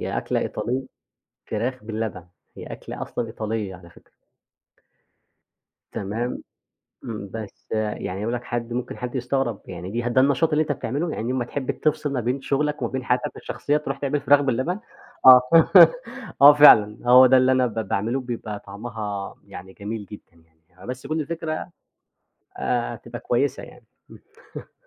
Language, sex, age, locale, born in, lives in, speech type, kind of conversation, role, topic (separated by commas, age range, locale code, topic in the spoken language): Arabic, male, 25-29, ar-EG, Egypt, Egypt, conversation, podcast, guest, إزاي بتفصل بين الشغل وحياتك الشخصية وإنت بتشتغل من البيت؟